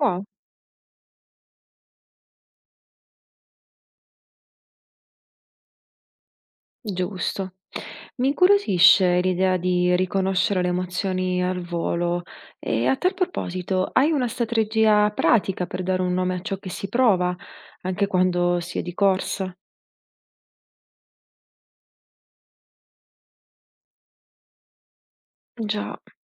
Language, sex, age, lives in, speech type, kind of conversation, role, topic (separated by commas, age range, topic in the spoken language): Italian, female, 30-34, Italy, read, expert-assistant, asker, Quali sono alcune abitudini che aiutano a gestire le emozioni difficili quando si lavora con persone in difficoltà?